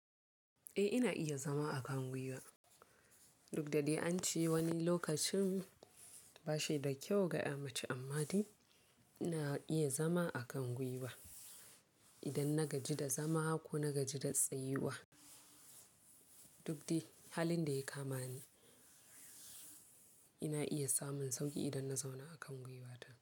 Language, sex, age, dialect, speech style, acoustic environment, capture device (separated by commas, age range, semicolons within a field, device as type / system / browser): Hausa, female, 18-24, Nigeria - Kano, focused, people talking; silence, mobile / Linux / Chrome